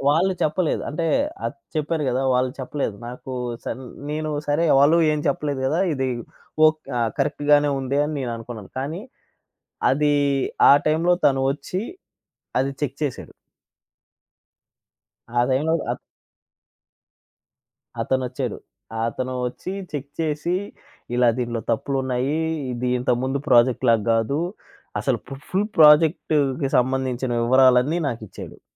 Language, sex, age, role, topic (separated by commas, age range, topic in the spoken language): Telugu, male, 25-29, guest, కలిసి పనిచేసే వారితో నమ్మకాన్ని మీరు ఎలా నిర్మిస్తారు?